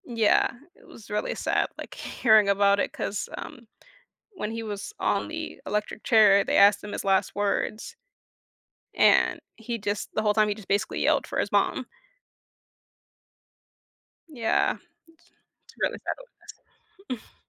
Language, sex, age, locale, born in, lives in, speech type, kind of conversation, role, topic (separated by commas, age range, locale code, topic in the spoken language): English, female, 20-24, en-US, United States, United States, conversation, unstructured, none, How do experiences with unfair punishment shape our sense of justice?